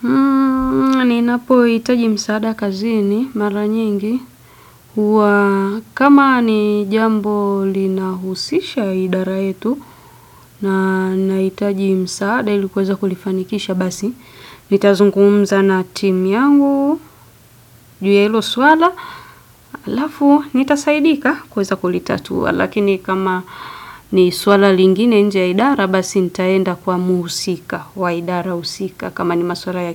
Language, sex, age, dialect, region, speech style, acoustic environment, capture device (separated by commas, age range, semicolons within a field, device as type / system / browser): Swahili, female, 25-34, Tanzania - Dar es Salaam, Tanzania, focused, silence; music, desktop / Windows / Edge